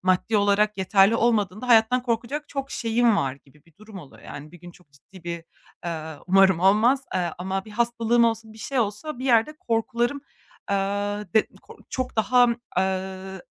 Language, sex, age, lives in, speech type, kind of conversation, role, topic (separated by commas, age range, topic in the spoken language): Turkish, female, 25-29, Germany, conversation, advice, user, Hedefime ulaşırken sonuçları abartmadan ya da küçümsemeden nasıl dengede kalabilirim?